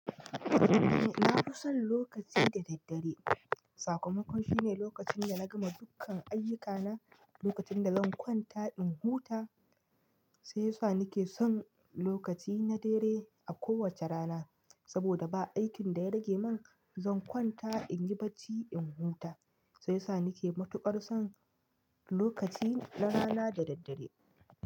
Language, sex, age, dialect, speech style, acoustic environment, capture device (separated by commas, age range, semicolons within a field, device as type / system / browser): Hausa, male, 25-34, Nigeria - Nigerian Standard, happy, nature, mobile / Linux / Chrome